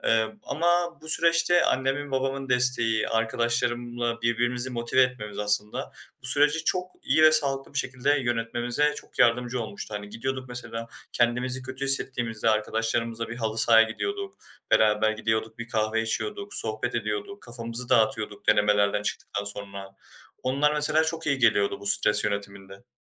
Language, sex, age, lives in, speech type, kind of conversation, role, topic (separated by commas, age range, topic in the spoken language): Turkish, male, 20-24, Germany, conversation, podcast, guest, Sosyal destek stres yönetiminde nasıl bir rol oynar ve çevrenin etkisi nedir?